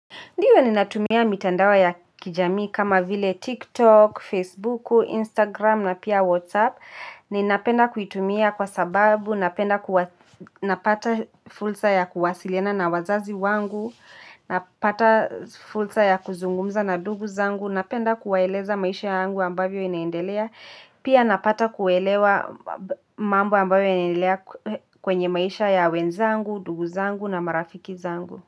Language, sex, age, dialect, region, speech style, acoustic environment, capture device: Swahili, female, 25-34, Kenya - Nairobi Sheng-influenced, Kenya, happy, silence, mobile / Linux / Chrome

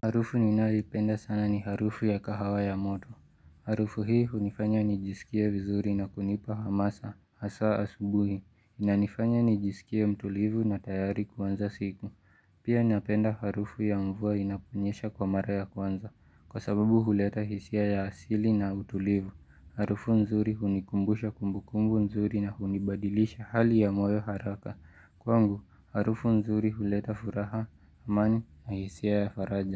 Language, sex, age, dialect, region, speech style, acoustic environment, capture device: Swahili, male, 18-24, Kenya - Nairobi Sheng-influenced, Kenya, relaxed, nature; music; silence, mobile / Linux / Chrome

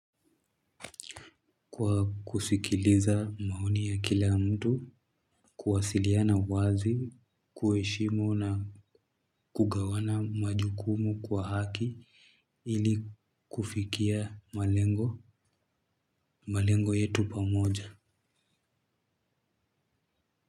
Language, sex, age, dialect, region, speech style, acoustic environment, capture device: Swahili, male, 18-24, Kenya - Nairobi Sheng-influenced, Kenya, happy, music, mobile / Linux / Chrome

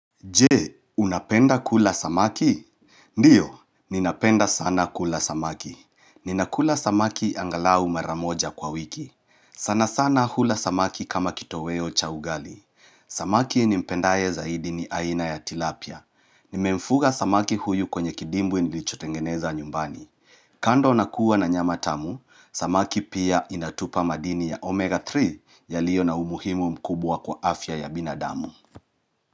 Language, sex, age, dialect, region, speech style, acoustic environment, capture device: Swahili, male, 35-44, Kenya - Nairobi Sheng-influenced, Kenya, happy; relaxed; focused, nature; silence; appliances, mobile / Linux / Chrome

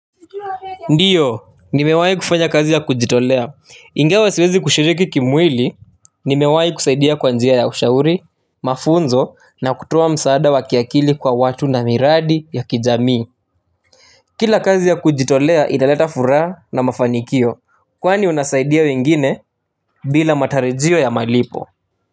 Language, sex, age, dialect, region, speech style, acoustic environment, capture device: Swahili, male, 18-24, Kenya - Nairobi Sheng-influenced, Kenya, happy, nature, mobile / Linux / Chrome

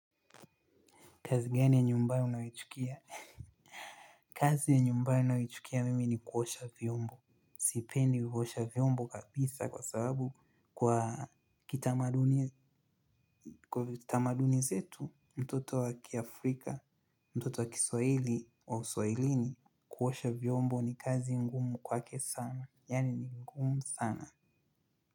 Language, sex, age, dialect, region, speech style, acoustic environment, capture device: Swahili, male, 25-34, Kenya - Nairobi Sheng-influenced, Kenya, focused; happy, silence; appliances, mobile / Linux / Chrome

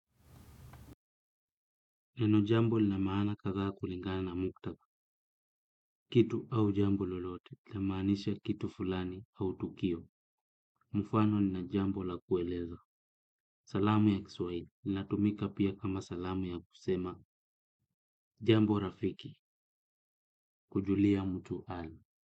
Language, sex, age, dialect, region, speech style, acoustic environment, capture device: Swahili, male, 25-34, Kenya - Kitui, Kenya, relaxed, silence, desktop / Windows / Chrome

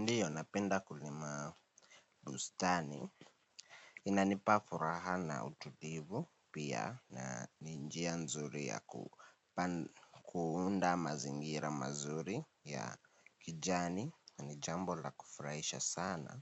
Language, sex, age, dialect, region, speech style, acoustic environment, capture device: Swahili, male, 18-24, Kenya - Nairobi Sheng-influenced, Kenya, happy; nervous; anxious; excited, people talking; appliances; music, mobile / Linux / Chrome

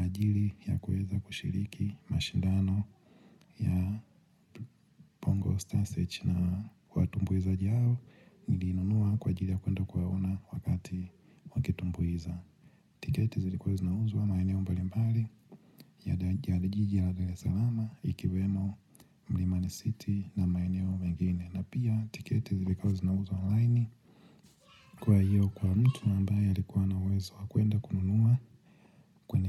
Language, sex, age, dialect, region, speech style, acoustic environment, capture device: Swahili, male, 25-34, Tanzania - Dar es Salaam, Tanzania, happy, silence, mobile / Linux / Chrome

